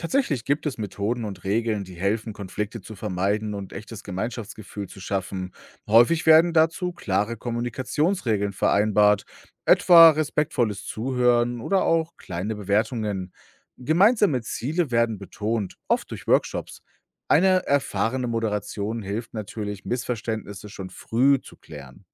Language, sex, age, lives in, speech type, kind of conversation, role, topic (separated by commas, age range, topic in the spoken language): German, male, 35-39, Germany, read, expert-assistant, expert, Kannst du eine Situation beschreiben, in der Menschen mit unterschiedlichen Religionen gemeinsam ein Ziel erreichen? Gibt es ein Beispiel dafür im echten Leben?